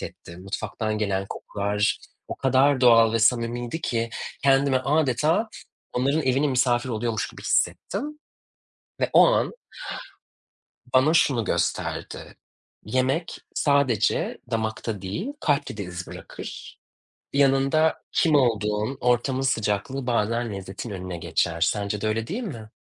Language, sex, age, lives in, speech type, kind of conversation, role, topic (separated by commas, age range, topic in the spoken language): Turkish, male, 35-39, Germany, conversation, unstructured, none, Şimdiye kadar yaşadığın en unutulmaz yemek deneyimi hangisiydi?